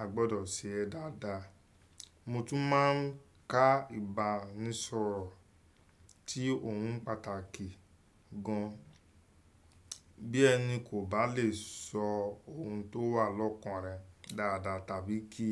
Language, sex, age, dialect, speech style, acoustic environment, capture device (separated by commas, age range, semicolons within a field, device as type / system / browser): Yoruba, male, 25-34, Nigeria - Lagos Yoruba, focused, nature, desktop / Windows / Chrome